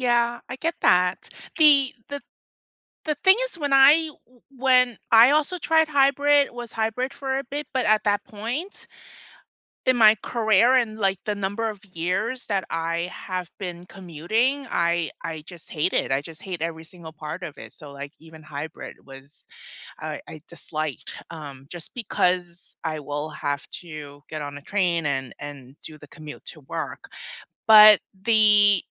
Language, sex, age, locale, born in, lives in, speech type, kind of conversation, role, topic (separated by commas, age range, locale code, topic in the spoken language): English, female, 45-49, en-US, United States, United States, conversation, unstructured, none, Which work setup—remote, hybrid, or on-site—fits you best, and how does it shape your day and relationships?